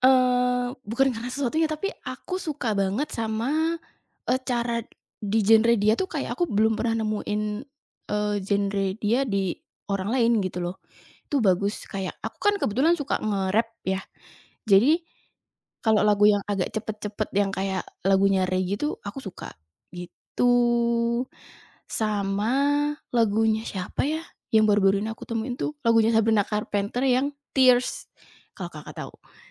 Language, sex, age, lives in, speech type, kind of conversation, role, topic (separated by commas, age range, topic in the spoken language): Indonesian, female, 20-24, Indonesia, conversation, podcast, guest, Bagaimana biasanya kamu menemukan musik baru?